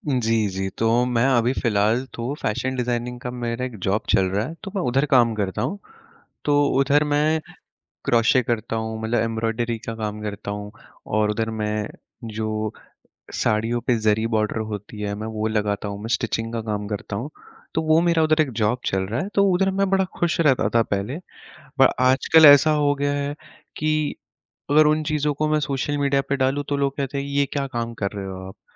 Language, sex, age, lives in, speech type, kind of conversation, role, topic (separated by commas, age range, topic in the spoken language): Hindi, male, 20-24, India, conversation, advice, user, सोशल मीडिया पर दूसरों से तुलना करने के कारण आपकी प्रेरणा कैसे कम हुई है?